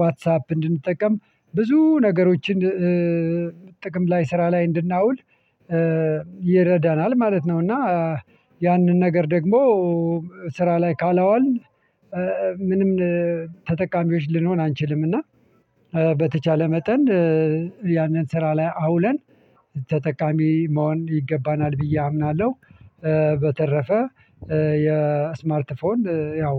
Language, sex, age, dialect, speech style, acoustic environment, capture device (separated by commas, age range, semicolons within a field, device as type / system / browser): Amharic, male, 45-54, Ethiopia - Addis Ababa, happy, people talking, mobile / Linux / Chrome